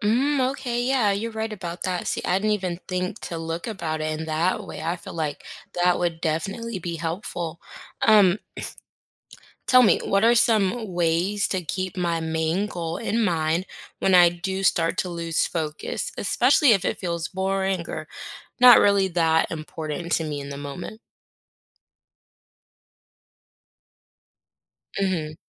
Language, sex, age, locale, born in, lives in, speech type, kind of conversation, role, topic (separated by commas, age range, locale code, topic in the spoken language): English, female, 25-29, en-US, United States, United States, read, expert-assistant, asker, What are some ways to overcome procrastination?